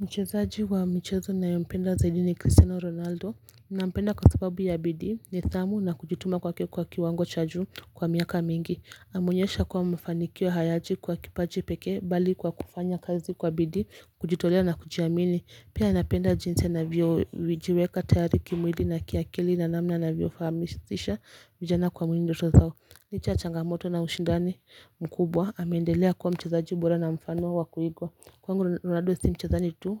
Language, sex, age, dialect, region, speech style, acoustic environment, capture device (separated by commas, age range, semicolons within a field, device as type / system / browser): Swahili, female, 25-34, Kenya - Mombasa, Kenya, happy, silence, mobile / Linux / Chrome